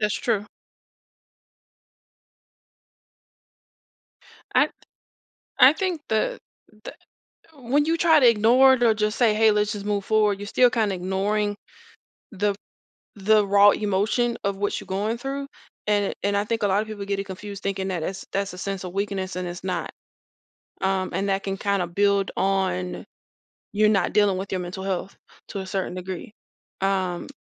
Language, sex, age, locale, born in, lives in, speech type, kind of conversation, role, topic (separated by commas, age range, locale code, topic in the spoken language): English, female, 35-39, en-US, United States, United States, conversation, podcast, guest, What are some effective ways to grow stronger after facing challenges?